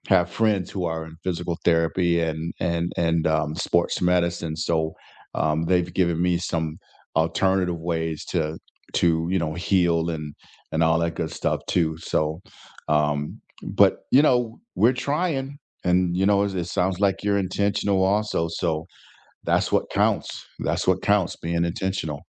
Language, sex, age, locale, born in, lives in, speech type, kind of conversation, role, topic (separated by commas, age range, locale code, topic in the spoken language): English, male, 60-64, en-US, United States, United States, conversation, unstructured, none, What quick mindful moments do you fit into hectic days to feel grounded, and can we swap ideas?